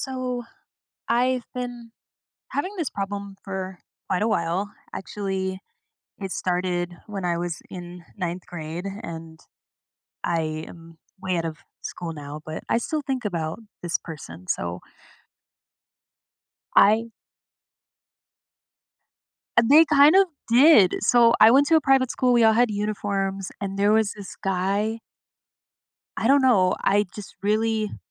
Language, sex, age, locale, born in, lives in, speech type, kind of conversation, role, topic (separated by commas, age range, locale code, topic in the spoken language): English, female, 25-29, en-US, United States, United States, conversation, advice, user, How can I cope with feeling rejected by someone I liked and regain my confidence?